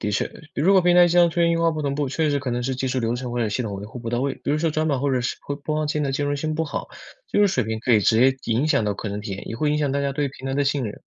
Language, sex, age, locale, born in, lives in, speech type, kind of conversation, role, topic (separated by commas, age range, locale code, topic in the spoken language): Chinese, male, 20-24, zh-CN, China, United States, read, expert-assistant, expert, 为什么有时候在上网课时视频和声音会不同步？这背后可能有哪些常见原因？